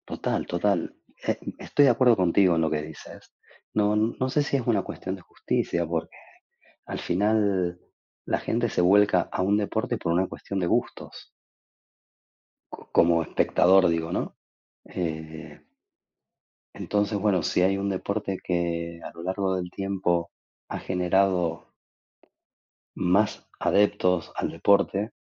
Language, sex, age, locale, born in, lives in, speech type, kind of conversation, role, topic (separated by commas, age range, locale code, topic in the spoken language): Spanish, male, 40-44, es-AR, Argentina, Spain, conversation, unstructured, none, ¿Es justo que en algunos deportes se pague mucho y en otros no se pague nada?